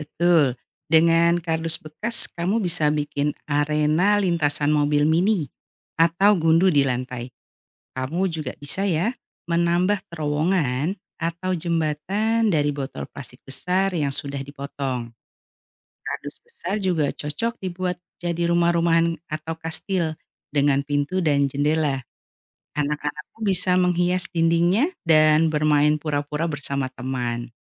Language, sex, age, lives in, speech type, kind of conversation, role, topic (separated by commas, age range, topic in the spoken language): Indonesian, female, 45-49, Indonesia, read, expert-assistant, expert, Bagaimana membuat mainan edukatif dari barang bekas di rumah?